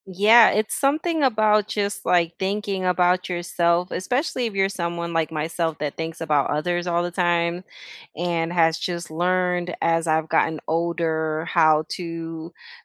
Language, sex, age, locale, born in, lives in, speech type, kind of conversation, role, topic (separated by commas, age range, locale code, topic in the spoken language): English, female, 40-44, en-US, United States, United States, conversation, unstructured, none, What does a perfect slow morning look like for you?